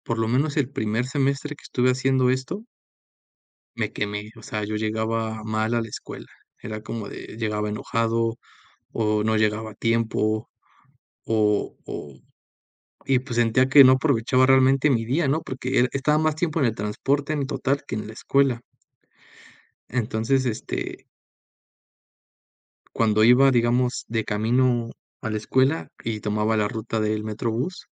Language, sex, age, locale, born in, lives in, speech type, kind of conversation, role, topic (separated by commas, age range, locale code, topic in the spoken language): Spanish, male, 25-29, es-MX, Mexico, Mexico, conversation, podcast, guest, ¿Cómo encuentras tiempo cuando estás muy ocupado?